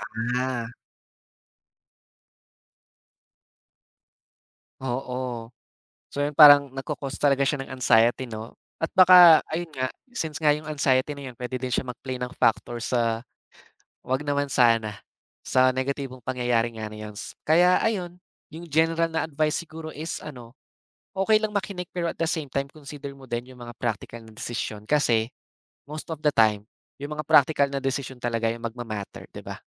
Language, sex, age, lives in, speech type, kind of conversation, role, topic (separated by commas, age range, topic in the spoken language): Filipino, male, 20-24, Philippines, conversation, podcast, guest, May kuwento ka ba tungkol sa pagkakataong sinunod mo ang kutob mo?